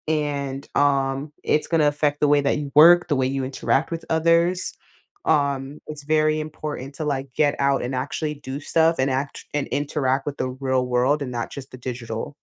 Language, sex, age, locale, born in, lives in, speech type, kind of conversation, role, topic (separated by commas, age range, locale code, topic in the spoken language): English, female, 20-24, en-US, United States, United States, conversation, advice, advisor, How do I break out of a monotonous daily routine and feel more engaged?